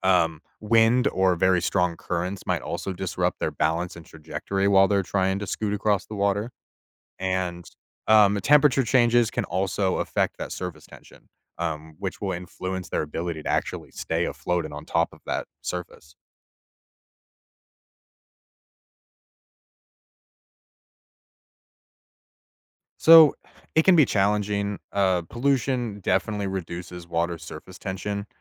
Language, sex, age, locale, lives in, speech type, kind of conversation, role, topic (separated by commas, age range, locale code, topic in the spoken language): English, male, 25-29, en-US, United States, read, expert-assistant, expert, How do insects walk on water?